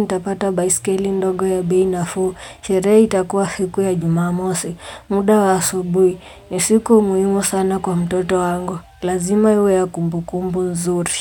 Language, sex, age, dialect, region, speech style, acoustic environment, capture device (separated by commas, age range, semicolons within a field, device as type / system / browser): Swahili, female, 18-24, Kenya - Nairobi Sheng-influenced, Kenya, relaxed, silence, mobile / Linux / Chrome